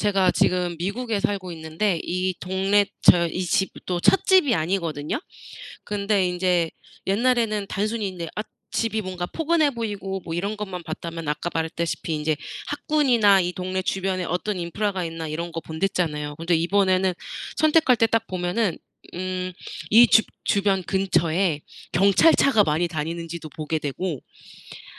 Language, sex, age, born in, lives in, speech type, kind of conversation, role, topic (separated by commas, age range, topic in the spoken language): Korean, female, 30-34, South Korea, United States, conversation, podcast, guest, 중요한 선택을 할 때 직감과 분석 중 무엇을 더 믿으시나요?